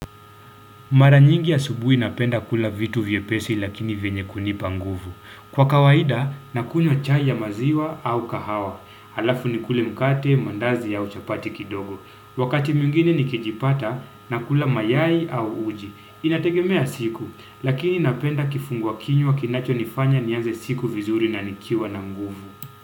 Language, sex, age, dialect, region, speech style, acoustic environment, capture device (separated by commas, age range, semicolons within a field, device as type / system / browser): Swahili, male, 25-34, Kenya - Mombasa, Kenya, happy, silence, desktop / Windows / Chrome